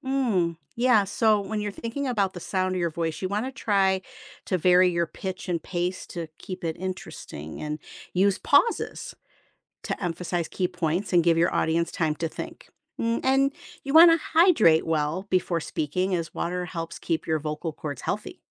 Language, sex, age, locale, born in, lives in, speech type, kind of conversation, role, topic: English, female, 55-59, en-US, United States, United States, read, expert-assistant, expert, How can I improve my public speaking skills?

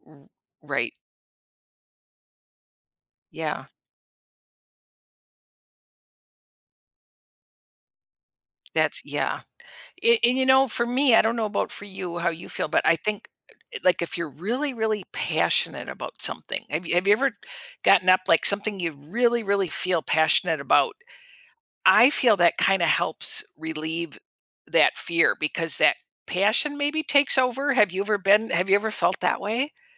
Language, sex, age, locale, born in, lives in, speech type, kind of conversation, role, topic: English, female, 65-69, en-US, United States, United States, conversation, podcast, host, How do the choices we make shape the direction of our lives?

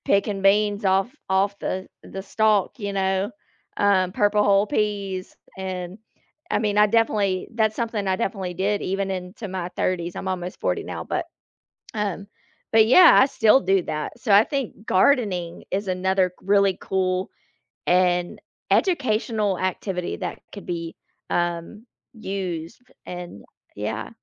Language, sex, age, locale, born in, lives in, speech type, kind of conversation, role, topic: English, female, 35-39, en-US, United States, United States, conversation, unstructured, none, Should schools require daily exercise for all students?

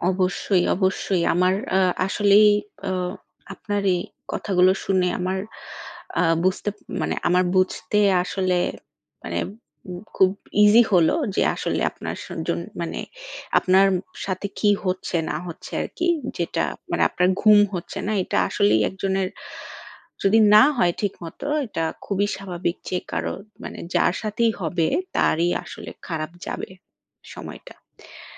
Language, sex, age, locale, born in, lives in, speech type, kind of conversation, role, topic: Bengali, female, 30-34, bn-BD, Bangladesh, Bangladesh, conversation, advice, advisor, তীব্র ব্যায়ামের পরে পর্যাপ্ত ঘুম না হলে শরীর ঠিকভাবে সেরে উঠতে না পারা কেন হয়?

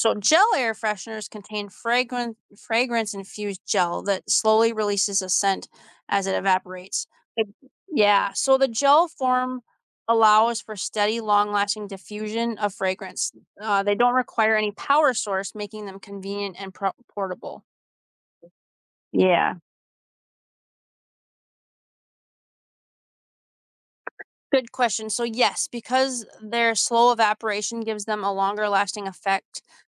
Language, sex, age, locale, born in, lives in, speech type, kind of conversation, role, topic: English, female, 35-39, en-US, United States, United States, read, expert-assistant, expert, How do air fresheners spread scent?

